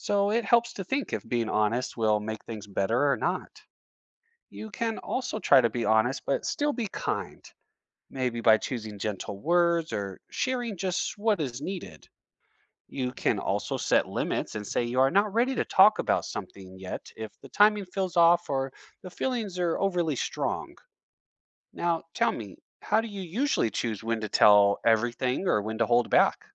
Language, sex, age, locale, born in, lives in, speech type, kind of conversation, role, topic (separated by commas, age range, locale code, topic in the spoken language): English, male, 35-39, en-US, United States, United States, read, expert-assistant, expert, Why is honesty important in life?